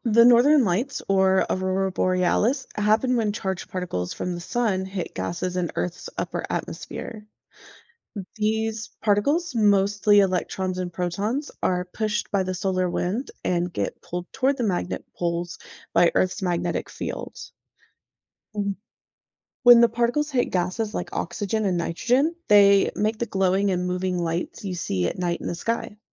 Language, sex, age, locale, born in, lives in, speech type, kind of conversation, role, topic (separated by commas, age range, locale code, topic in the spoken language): English, female, 30-34, en-US, United States, United States, read, expert-assistant, expert, What causes the Northern Lights?